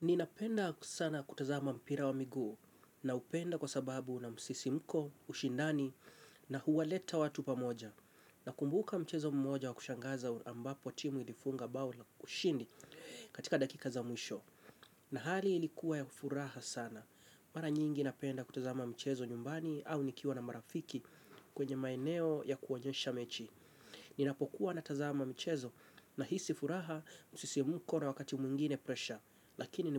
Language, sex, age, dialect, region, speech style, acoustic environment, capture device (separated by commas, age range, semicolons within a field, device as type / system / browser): Swahili, male, 25-34, Kenya - Mombasa, Kenya, focused; relaxed, silence, mobile / Linux / Chrome